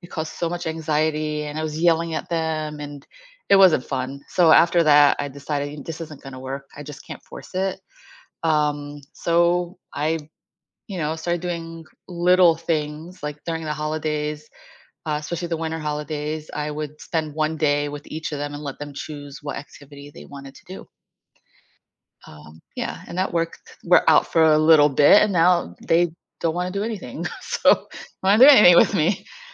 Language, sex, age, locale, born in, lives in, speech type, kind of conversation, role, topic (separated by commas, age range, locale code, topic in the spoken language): English, female, 50-54, en-US, United States, United States, conversation, podcast, guest, Which daily routines or practices have had the biggest impact on your personal growth?